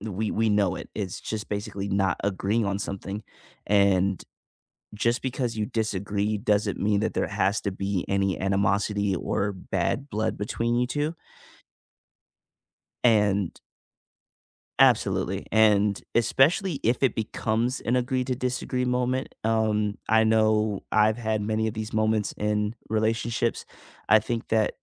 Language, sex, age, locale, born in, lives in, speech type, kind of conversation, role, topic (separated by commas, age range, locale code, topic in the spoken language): English, male, 25-29, en-US, United States, United States, conversation, unstructured, none, What role does compromise play in resolving disagreements?